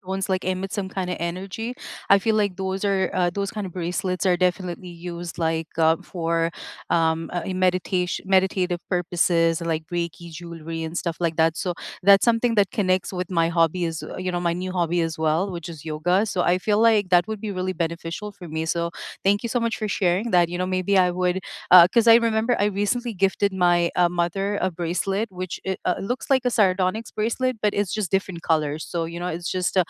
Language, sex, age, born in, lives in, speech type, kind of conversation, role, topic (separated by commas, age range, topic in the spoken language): English, female, 35-39, United States, United States, conversation, unstructured, none, What new hobby have you started recently, and how has the experience been so far?